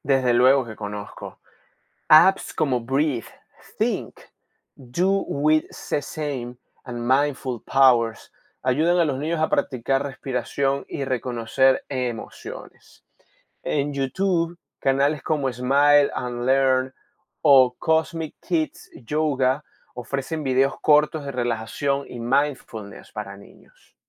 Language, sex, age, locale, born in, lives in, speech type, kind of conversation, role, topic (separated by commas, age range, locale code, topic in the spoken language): Spanish, male, 30-34, es-VE, Venezuela, Spain, read, expert-assistant, expert, ¿Por qué es importante enseñar inteligencia emocional y manejo del estrés desde la infancia?